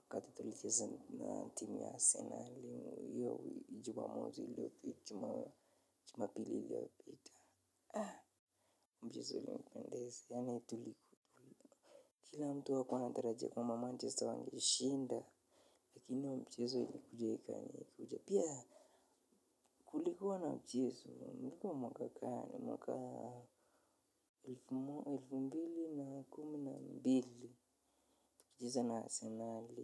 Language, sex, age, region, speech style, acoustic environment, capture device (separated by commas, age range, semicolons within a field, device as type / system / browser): Swahili, male, 25-34, Kenya, relaxed, silence, mobile / Linux / Chrome